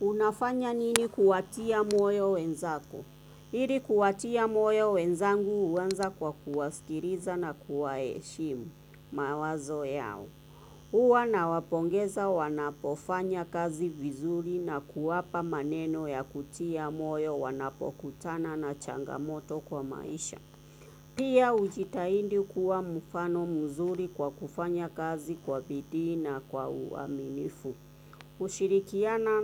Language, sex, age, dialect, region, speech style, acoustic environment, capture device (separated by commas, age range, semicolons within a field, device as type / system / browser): Swahili, female, 25-34, Kenya - Nairobi Sheng-influenced, Kenya, focused; relaxed, nature; traffic, desktop / Windows / Chrome